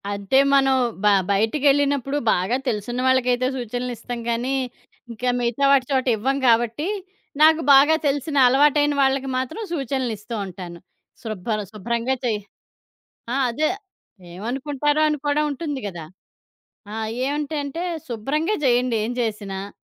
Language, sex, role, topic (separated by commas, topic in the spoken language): Telugu, female, guest, వీధి ఆహారం గురించి మీ అభిప్రాయం ఏమిటి?